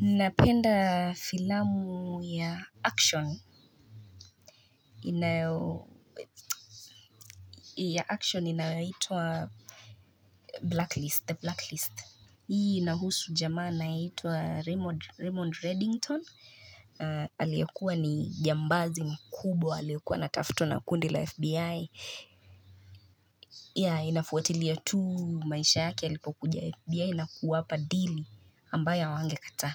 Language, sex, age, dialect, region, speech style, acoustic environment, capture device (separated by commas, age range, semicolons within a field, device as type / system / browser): Swahili, female, 25-34, Kenya - Nairobi Sheng-influenced, Kenya, relaxed, nature; people talking, mobile / Linux / Chrome